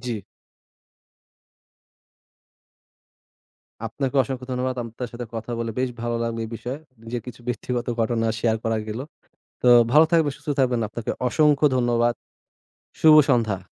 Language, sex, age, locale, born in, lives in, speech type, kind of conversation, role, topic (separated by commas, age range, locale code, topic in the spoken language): Bengali, male, 20-24, bn-BD, Bangladesh, Bangladesh, conversation, podcast, guest, কেউ আপনার জিনিস চুরি করেছে বুঝতে পেরে আপনি কী করেছিলেন?